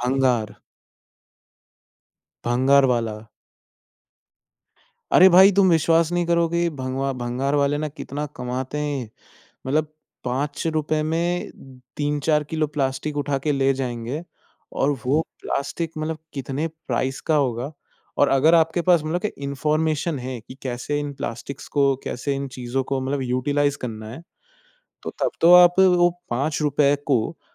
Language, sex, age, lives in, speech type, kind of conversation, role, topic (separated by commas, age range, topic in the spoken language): Hindi, male, 20-24, India, conversation, podcast, guest, Jab progress bahut dheemi ho, tum khud ko motivated kaise rakhte ho?